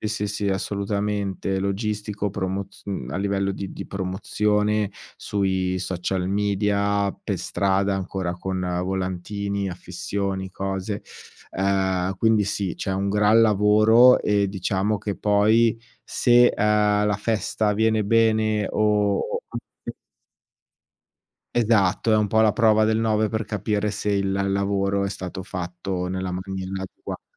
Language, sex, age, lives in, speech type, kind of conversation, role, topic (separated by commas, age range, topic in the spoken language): Italian, male, 40-44, Italy, conversation, podcast, guest, Che ruolo hanno le feste nel tenere unite le persone?